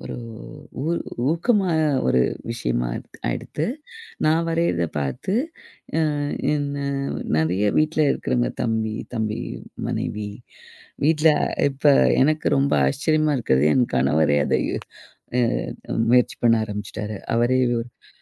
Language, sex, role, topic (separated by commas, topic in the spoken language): Tamil, female, guest, இந்த ஆர்வத்தைத் தொடங்க உதவும் மூன்று எளிய குறிப்புகளைப் பகிர முடியுமா?